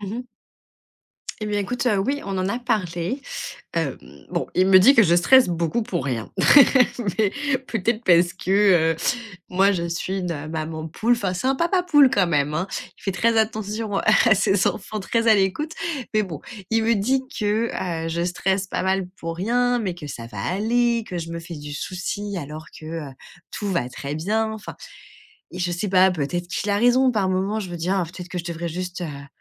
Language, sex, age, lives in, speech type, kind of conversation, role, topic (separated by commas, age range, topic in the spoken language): French, female, 30-34, France, conversation, advice, user, Comment arrêter de s’inquiéter constamment pour l’avenir ?